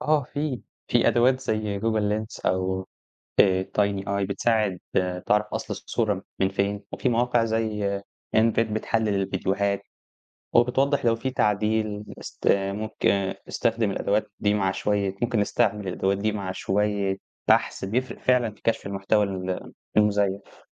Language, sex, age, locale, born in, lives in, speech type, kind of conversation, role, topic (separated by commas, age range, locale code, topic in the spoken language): Arabic, male, 20-24, ar-EG, Egypt, Egypt, read, expert-assistant, expert, إيه الأفكار المبتكرة اللي ممكن تساعد الصحافة في إنها تفضل مصدر موثوق للمعلومات في زمن السوشيال ميديا والإشاعات؟